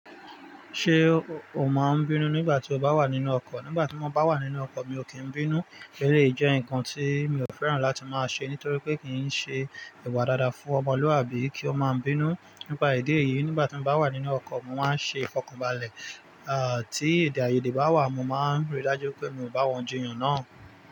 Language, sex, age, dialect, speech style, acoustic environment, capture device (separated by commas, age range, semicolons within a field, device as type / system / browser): Yoruba, male, 35-44, Nigeria - Nigerian Standard, excited, nature, mobile / Linux / Chrome